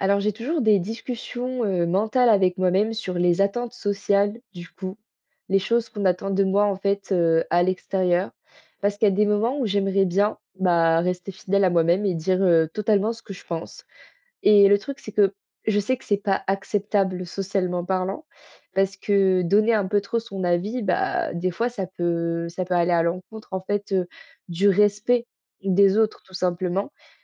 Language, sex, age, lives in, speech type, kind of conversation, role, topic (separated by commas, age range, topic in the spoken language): French, female, 20-24, France, conversation, advice, user, Comment rester authentique face aux attentes sociales ?